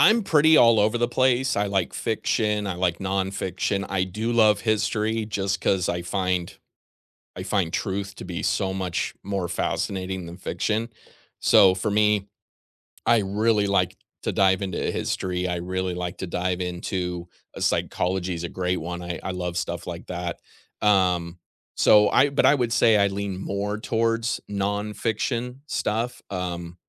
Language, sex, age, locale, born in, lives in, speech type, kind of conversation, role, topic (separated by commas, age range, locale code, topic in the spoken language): English, male, 40-44, en-US, United States, United States, conversation, unstructured, none, How do you choose your next book, and how do you like to share reading recommendations?